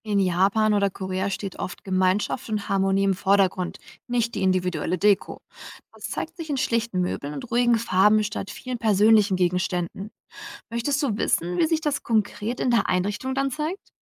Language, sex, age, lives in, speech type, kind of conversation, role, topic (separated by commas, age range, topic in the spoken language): German, female, 60-64, Germany, read, expert-assistant, expert, Warum richten Menschen ihr Zuhause so unterschiedlich ein – was sagen Einrichtungsstile über unsere Kultur und Persönlichkeit aus?